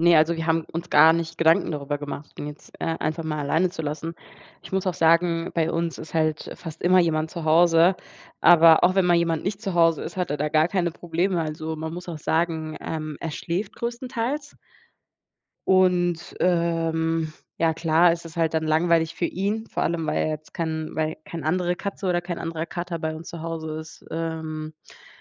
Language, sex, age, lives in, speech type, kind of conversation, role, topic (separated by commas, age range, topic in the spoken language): German, female, 20-24, Germany, conversation, unstructured, none, Wie reagieren Haustiere auf menschliche Emotionen?